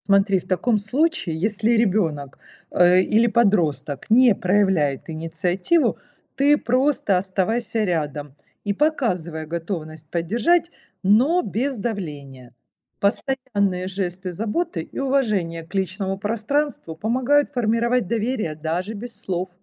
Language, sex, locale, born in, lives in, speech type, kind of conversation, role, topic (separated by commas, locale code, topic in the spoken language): Russian, female, ru-RU, Ukraine, Italy, read, expert-assistant, expert, Кого стоит послушать, чтобы разобраться в современных подходах к воспитанию детей?